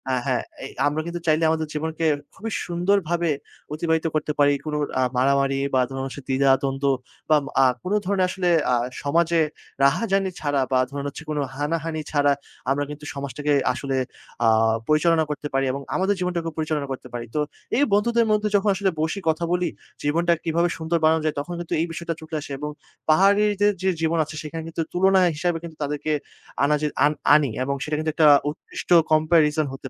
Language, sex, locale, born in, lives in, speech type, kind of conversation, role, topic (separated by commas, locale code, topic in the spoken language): Bengali, male, bn-BD, Bangladesh, Bangladesh, conversation, podcast, guest, একটি জায়গার কথা বলুন, যা আপনার জীবন বদলে দিয়েছিল?